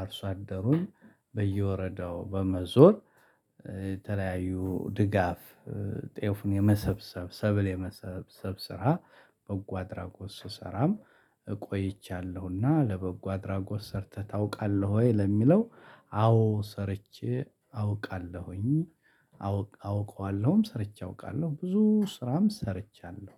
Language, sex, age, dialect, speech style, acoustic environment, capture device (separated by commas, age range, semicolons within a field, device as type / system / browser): Amharic, male, 35-44, Ethiopia - Addis Ababa, happy; excited, people talking, mobile / Linux / Chrome